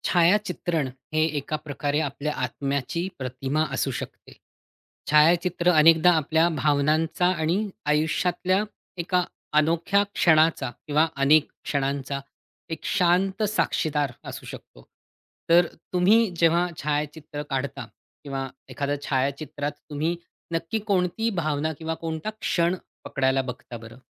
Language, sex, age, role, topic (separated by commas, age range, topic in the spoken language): Marathi, male, 40-44, host, छायाचित्रात तुम्हाला कोणता क्षण पकडायला सर्वात जास्त आवडतो?